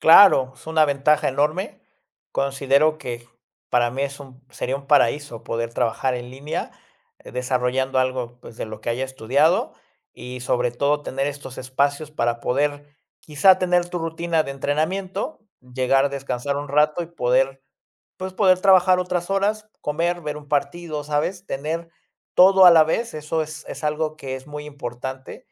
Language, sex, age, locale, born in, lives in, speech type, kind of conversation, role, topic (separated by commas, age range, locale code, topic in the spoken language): Spanish, male, 30-34, es-MX, Mexico, Mexico, conversation, podcast, guest, ¿Cómo equilibras el salario y el sentido en tu trabajo?